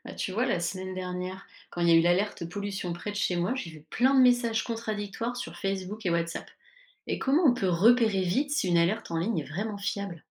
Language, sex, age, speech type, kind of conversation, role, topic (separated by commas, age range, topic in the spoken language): French, female, 35-39, read, expert-assistant, asker, Comment savoir si un message d’alerte sur les réseaux sociaux est fiable en cas de crise ?